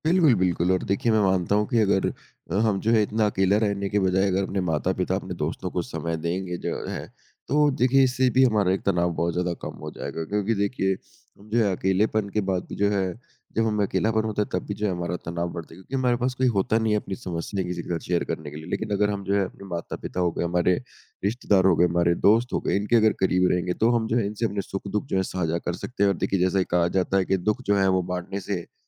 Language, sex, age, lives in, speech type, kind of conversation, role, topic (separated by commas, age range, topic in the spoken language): Hindi, male, 20-24, India, conversation, unstructured, none, आप तनाव कैसे कम करते हैं?